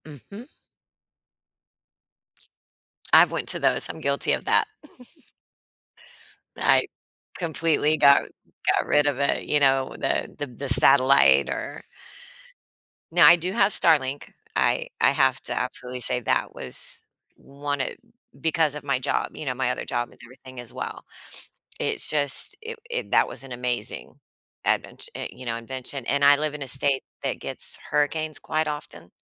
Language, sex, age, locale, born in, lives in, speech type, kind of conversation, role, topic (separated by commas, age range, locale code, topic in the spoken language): English, female, 45-49, en-US, United States, United States, conversation, podcast, host, In what ways do new technologies change the way we live and connect with others every day?